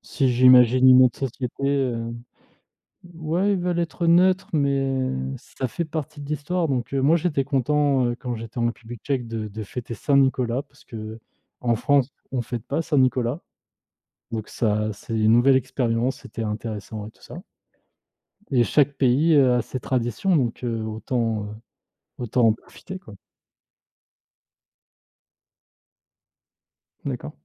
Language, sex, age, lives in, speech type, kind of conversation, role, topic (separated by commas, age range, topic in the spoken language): French, male, 30-34, France, conversation, unstructured, none, Que penses-tu de l’influence des cultures sur nos traditions familiales ?